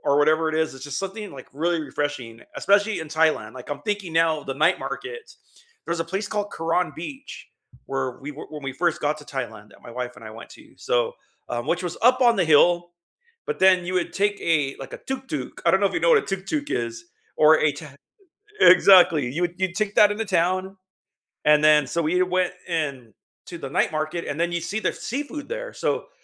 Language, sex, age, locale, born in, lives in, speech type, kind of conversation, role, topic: English, male, 50-54, en-US, United States, United States, conversation, unstructured, none, What was the most memorable meal you’ve ever had, and what made it unforgettable for you?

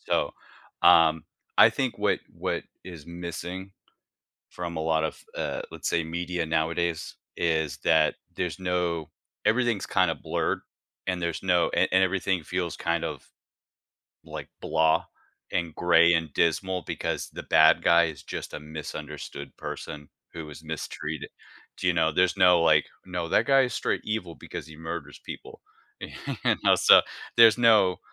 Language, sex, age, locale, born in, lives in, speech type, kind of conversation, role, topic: English, male, 40-44, en-US, United States, United States, conversation, podcast, guest, What makes a story memorable and engaging across different types of media?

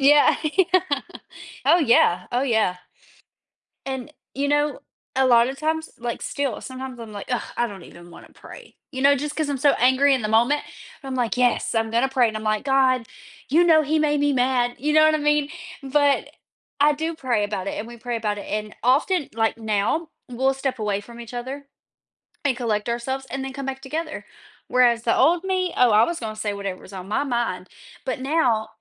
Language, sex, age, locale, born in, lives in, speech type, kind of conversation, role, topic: English, female, 25-29, en-US, United States, United States, conversation, unstructured, none, What are some common reasons couples argue and how can they resolve conflicts more effectively?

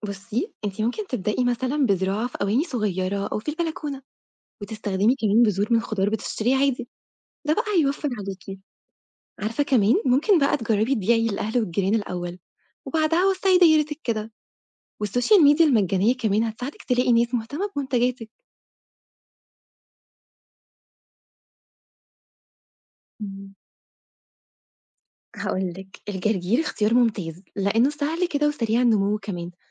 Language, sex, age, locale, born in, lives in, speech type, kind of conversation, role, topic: Arabic, female, 25-29, ar-EG, Egypt, Egypt, read, expert-assistant, expert, لو أنا صاحب مشروع صغير لإنتاج أكل عضوي وعندي موارد محدودة، أبدأ منين وأحل المشاكل اللي بتقابلني؟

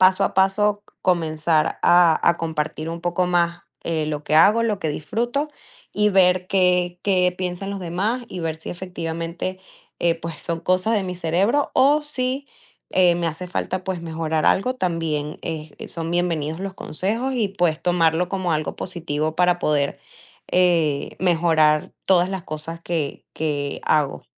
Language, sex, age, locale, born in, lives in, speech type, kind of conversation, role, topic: Spanish, female, 20-24, es-VE, Venezuela, United States, conversation, advice, user, ¿De qué manera el perfeccionismo te impide terminar tus proyectos creativos y te lleva a rehacerlos una y otra vez?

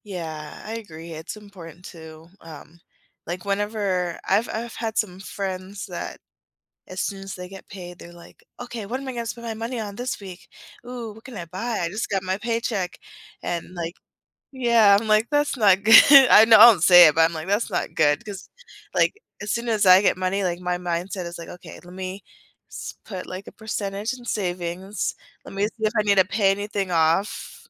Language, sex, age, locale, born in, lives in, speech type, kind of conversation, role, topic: English, female, 30-34, en-US, United States, United States, conversation, podcast, host, How have your professional setbacks shaped your growth and perspective?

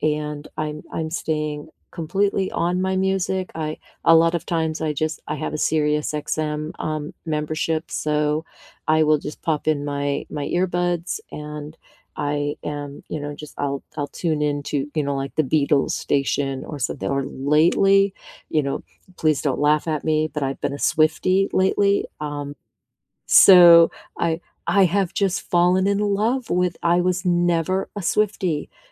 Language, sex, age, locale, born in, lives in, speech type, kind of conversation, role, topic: English, female, 55-59, en-US, United States, United States, conversation, unstructured, none, What has been keeping you company lately—music or podcasts—and why?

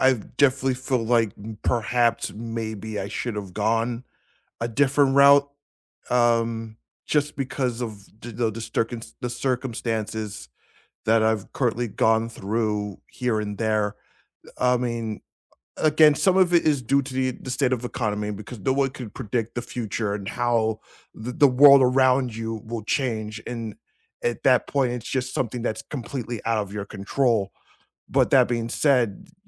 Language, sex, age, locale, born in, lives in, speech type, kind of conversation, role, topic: English, male, 35-39, en-US, United States, United States, conversation, unstructured, none, What is a goal that changed the way you see yourself?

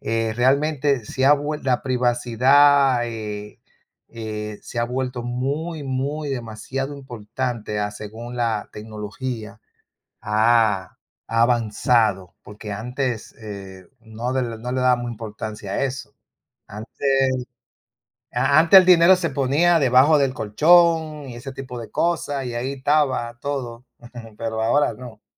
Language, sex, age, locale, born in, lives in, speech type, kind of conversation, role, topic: Spanish, male, 55-59, es-DO, Dominican Republic, United States, conversation, unstructured, none, ¿Cómo te sientes cuando alguien invade tu privacidad?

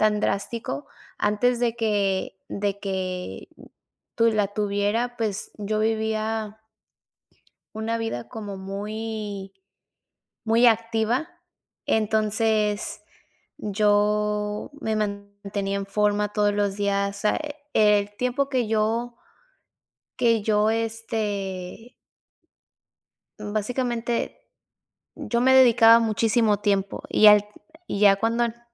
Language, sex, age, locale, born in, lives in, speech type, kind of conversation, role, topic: Spanish, female, 30-34, es-MX, Mexico, United States, conversation, podcast, guest, ¿Cómo manejas la presión social al tomar decisiones personales?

